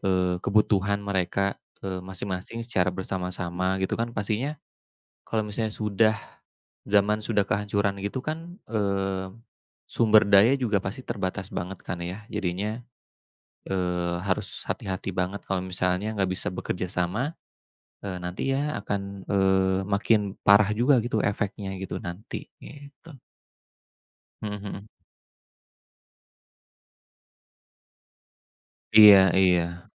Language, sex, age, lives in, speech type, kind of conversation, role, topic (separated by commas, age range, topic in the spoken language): Indonesian, male, 35-39, Indonesia, conversation, unstructured, none, Apa acara televisi favoritmu saat ini, dan mengapa?